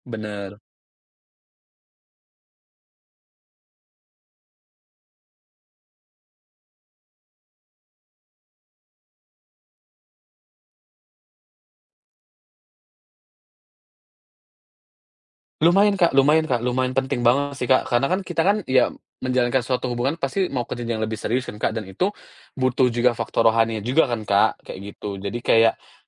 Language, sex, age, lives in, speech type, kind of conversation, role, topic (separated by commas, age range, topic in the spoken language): Indonesian, male, 30-34, Indonesia, conversation, podcast, guest, Apa tips sederhana untuk mengatasi overthinking yang sering muncul?